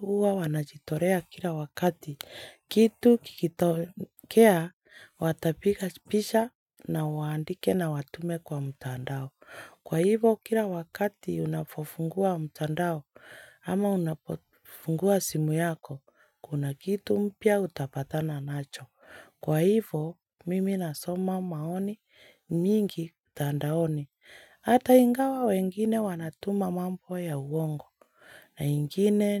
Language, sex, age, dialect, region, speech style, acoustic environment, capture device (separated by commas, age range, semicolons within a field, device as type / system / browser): Swahili, female, 25-34, Kenya - Nairobi Sheng-influenced, Kenya, relaxed; happy, silence, mobile / Linux / Chrome